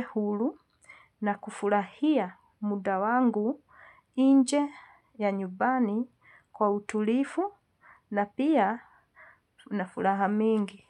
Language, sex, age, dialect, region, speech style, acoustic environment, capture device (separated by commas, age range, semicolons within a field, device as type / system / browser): Swahili, female, 45-54, Kenya - Nairobi Sheng-influenced, Kenya, happy, silence, mobile / Linux / Chrome